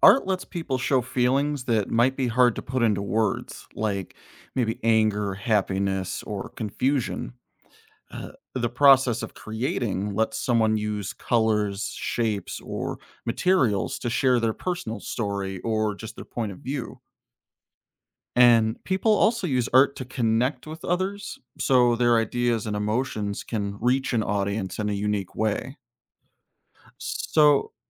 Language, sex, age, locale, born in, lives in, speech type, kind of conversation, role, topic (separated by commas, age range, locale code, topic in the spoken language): English, male, 35-39, en-US, United States, United States, read, expert-assistant, expert, How does art help people express themselves?